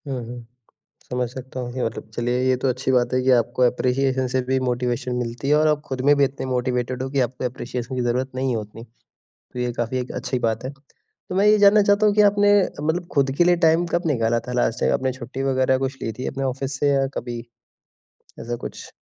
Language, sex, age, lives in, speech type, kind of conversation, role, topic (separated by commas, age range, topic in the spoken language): Hindi, male, 20-24, India, conversation, advice, advisor, नौकरी के लगातार दबाव के कारण आपको थकान और बेचैनी कैसे महसूस होती है?